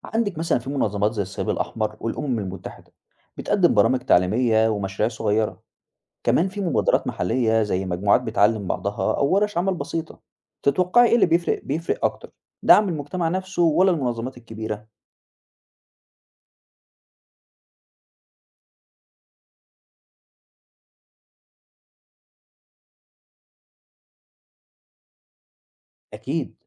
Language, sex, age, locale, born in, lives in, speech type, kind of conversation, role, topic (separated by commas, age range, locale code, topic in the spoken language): Arabic, male, 20-24, ar-EG, Egypt, Egypt, read, expert-assistant, expert, إزاي الحدود بين البلاد ممكن تتغير مع الوقت، وليه ده بيحصل؟